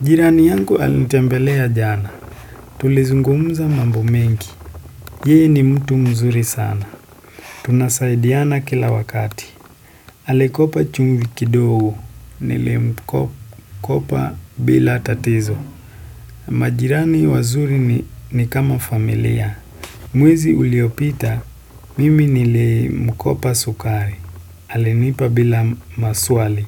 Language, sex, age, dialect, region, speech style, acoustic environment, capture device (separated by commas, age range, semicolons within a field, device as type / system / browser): Swahili, male, 18-24, Kenya - Nairobi Sheng-influenced, Kenya, happy; excited, silence, desktop / Windows / Chrome